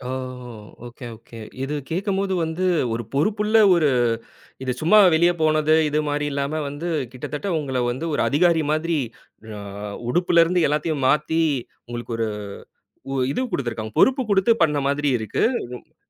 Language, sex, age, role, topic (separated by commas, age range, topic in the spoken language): Tamil, male, 30-34, host, பள்ளிக்காலத்தில் நீங்கள் பள்ளிக்கு வெளியே அனுபவித்த பிடித்தமான நினைவு எது?